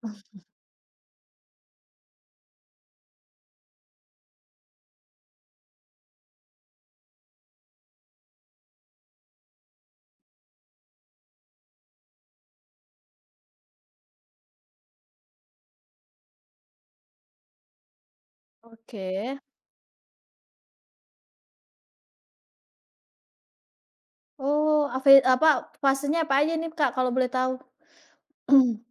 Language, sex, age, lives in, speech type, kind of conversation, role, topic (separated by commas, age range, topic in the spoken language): Indonesian, female, 30-34, Indonesia, conversation, podcast, host, Apa pengaruh media sosial terhadap cara kamu menampilkan diri?